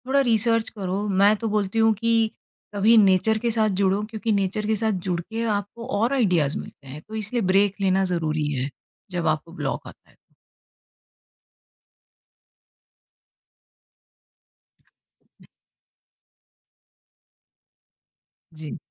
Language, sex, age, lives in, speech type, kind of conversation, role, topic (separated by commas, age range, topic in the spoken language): Hindi, female, 45-49, India, conversation, podcast, guest, Storytelling mein hook banane ka tumhara favourite tareeka kya hai?